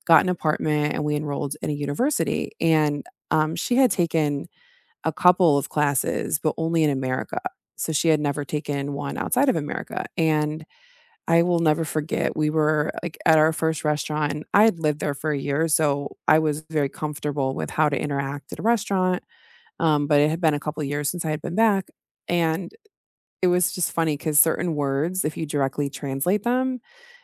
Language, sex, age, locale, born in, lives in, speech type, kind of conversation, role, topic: English, female, 35-39, en-US, United States, United States, conversation, unstructured, none, How do you prefer to learn?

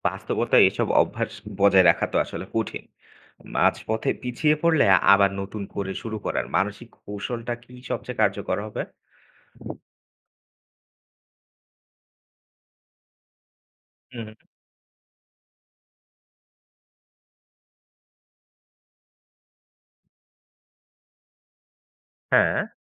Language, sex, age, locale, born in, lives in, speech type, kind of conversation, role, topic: Bengali, male, 25-29, bn-BD, Bangladesh, Bangladesh, read, expert-assistant, asker, আমি কীভাবে আমার দৈনন্দিন জীবনে পরিবেশ রক্ষার জন্য ছোট ছোট পরিবর্তন আনতে পারি, এবং এগুলো আমার ব্যক্তিগত বিকাশে কীভাবে প্রভাব ফেলতে পারে?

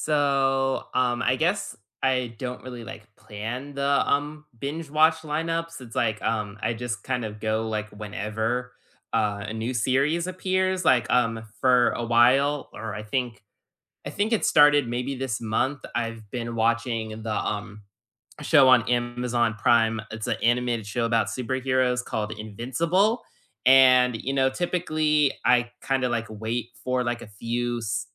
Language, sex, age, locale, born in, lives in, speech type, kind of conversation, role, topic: English, male, 20-24, en-US, United States, United States, conversation, unstructured, none, What does your ideal weekend binge-watch lineup look like, and what snacks do you reach for?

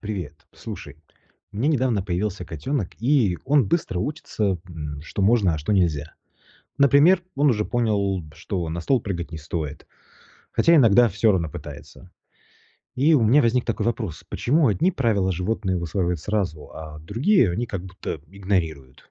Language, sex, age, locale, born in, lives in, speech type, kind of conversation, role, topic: Russian, male, 35-39, ru-RU, Russia, Italy, read, expert-assistant, asker, Как животные учатся понимать, что им можно делать, а что нельзя?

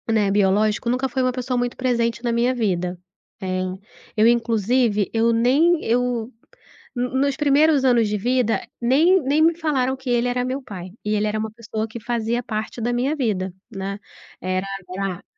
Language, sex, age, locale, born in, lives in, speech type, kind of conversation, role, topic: Portuguese, female, 35-39, pt-BR, Brazil, Portugal, conversation, advice, user, Como decidir entre terminar ou reatar o relacionamento após uma crise?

